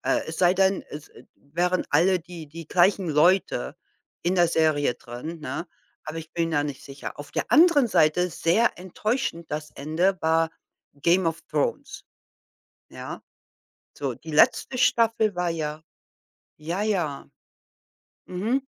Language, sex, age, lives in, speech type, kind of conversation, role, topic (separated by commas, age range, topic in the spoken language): German, female, 55-59, United States, conversation, podcast, guest, Was macht ein Serienfinale befriedigend oder enttäuschend?